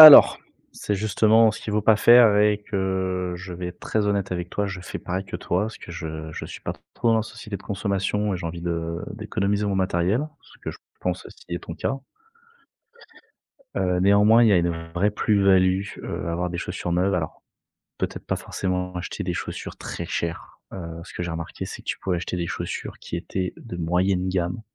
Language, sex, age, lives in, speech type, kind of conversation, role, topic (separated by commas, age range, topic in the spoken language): French, male, 30-34, France, conversation, advice, advisor, Comment décririez-vous vos douleurs musculaires persistantes après vos séances d’entraînement ?